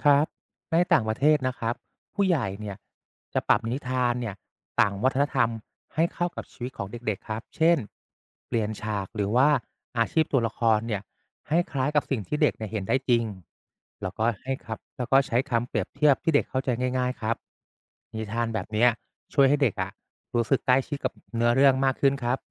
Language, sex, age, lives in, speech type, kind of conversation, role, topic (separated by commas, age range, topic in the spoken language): Thai, male, 35-39, Thailand, read, expert-assistant, expert, จริงไหมที่เทพนิยายตะวันตกกับนิทานพื้นบ้านไทยมีโครงเรื่องคล้ายกันหลายจุด?